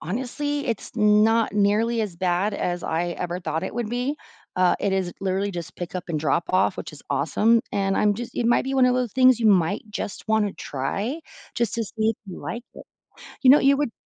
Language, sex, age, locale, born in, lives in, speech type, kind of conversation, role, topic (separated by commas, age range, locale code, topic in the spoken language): English, female, 40-44, en-US, United States, United States, conversation, advice, advisor, How can I manage mounting bills and reduce the financial anxiety I'm facing?